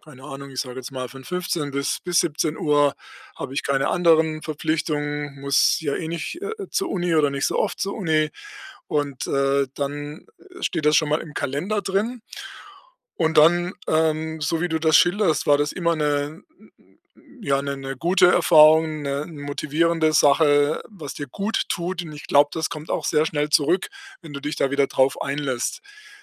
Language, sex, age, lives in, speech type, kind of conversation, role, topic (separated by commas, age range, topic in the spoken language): German, male, 60-64, Germany, conversation, advice, advisor, Wie kann ich trotz Motivationsproblemen und Angst mit Sport anfangen?